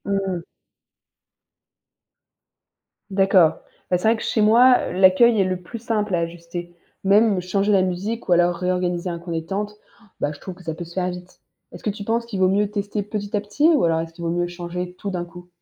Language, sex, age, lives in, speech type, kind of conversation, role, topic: French, female, 20-24, France, read, expert-assistant, asker, Comment se former au marketing en utilisant ce qu’on observe dans la vie quotidienne ?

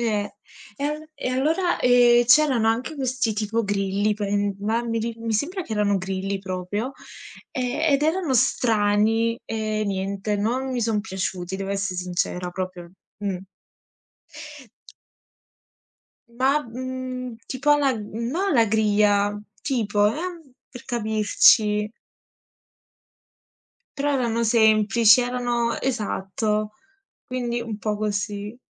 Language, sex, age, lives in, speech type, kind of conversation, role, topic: Italian, female, 20-24, Italy, conversation, unstructured, none, Qual è il cibo più strano che hai mai provato?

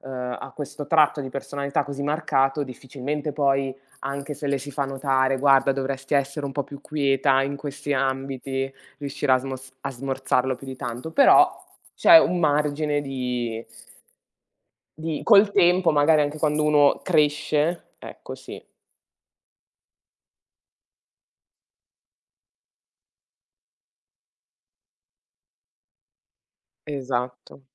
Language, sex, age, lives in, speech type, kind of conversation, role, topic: Italian, female, 30-34, Italy, conversation, unstructured, none, Credi che le persone possano davvero cambiare?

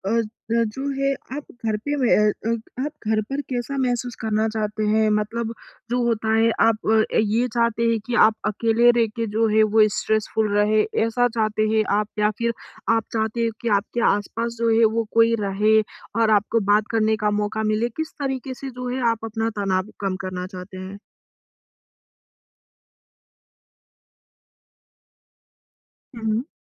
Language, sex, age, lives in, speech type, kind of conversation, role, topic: Hindi, female, 20-24, India, conversation, advice, advisor, मैं घर पर आराम करते हुए तनाव कैसे कम कर सकता/सकती हूँ?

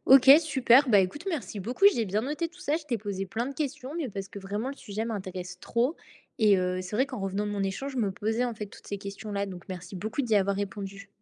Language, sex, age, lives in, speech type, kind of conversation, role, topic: French, female, 20-24, France, read, expert-assistant, asker, Pourquoi le métier d’infirmière est-il perçu différemment selon les pays ?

